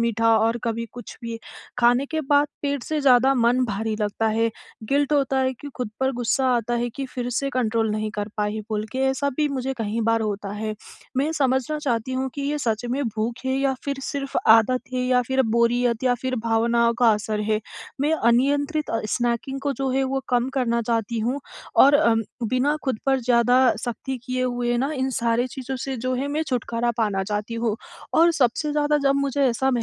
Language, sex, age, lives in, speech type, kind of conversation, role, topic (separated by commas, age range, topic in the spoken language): Hindi, female, 20-24, India, conversation, advice, user, मैं अपनी अनियंत्रित स्नैकिंग की आदत कैसे कम कर सकता/सकती हूँ?